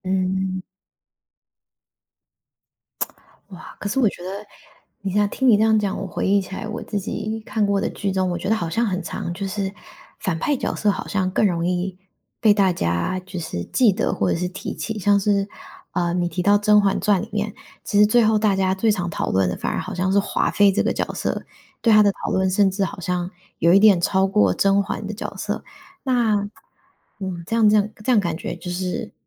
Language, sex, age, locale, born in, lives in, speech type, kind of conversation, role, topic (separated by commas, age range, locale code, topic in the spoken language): Chinese, female, 25-29, zh-TW, Taiwan, United States, conversation, podcast, host, 你觉得角色会通过哪些方式影响观众？